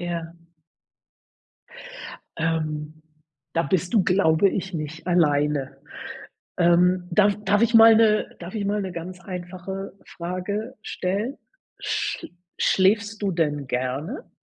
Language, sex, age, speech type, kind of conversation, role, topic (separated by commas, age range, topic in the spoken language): German, female, 60-64, conversation, advice, advisor, Warum fühle ich mich nach zu langem Schlafen am Wochenende wie mit Jetlag?